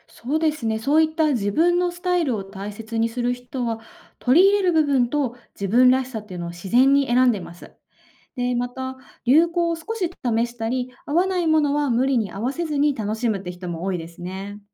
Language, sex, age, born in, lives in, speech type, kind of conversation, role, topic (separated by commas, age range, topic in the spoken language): Japanese, female, 30-34, Japan, Japan, read, expert-assistant, expert, 昔と今で、創作趣味の楽しみ方や価値観はどう変わってきたと思いますか？